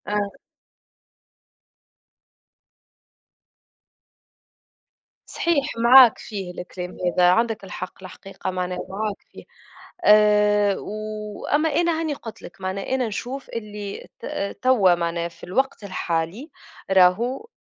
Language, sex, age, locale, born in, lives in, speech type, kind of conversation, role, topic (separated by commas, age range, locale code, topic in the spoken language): Arabic, female, 25-29, ar-TN, Tunisia, Germany, conversation, unstructured, none, إيه رأيك في دور الست في المجتمع دلوقتي؟